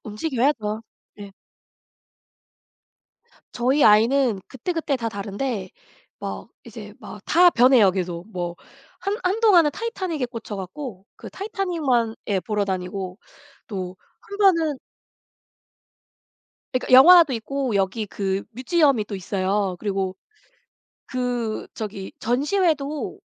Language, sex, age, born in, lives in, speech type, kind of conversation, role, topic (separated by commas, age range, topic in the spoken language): Korean, female, 40-44, South Korea, United States, conversation, unstructured, none, 휴일에는 보통 무엇을 하시나요?